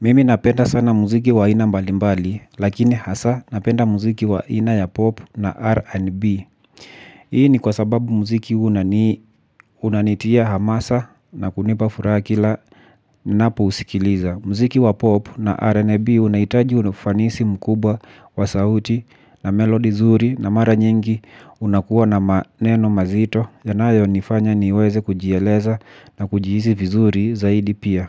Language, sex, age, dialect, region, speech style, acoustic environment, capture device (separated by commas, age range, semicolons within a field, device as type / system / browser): Swahili, male, 25-34, Kenya - Nairobi Sheng-influenced, Kenya, relaxed, silence, mobile / Linux / Chrome